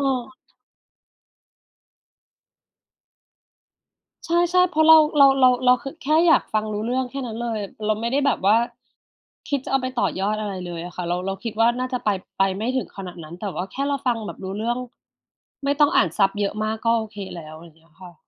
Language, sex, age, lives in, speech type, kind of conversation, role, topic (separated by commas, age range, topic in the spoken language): Thai, female, 40-44, Thailand, conversation, unstructured, none, อะไรคือสิ่งที่ทำให้คุณรู้สึกภูมิใจเกี่ยวกับการเรียนครั้งล่าสุดของคุณ?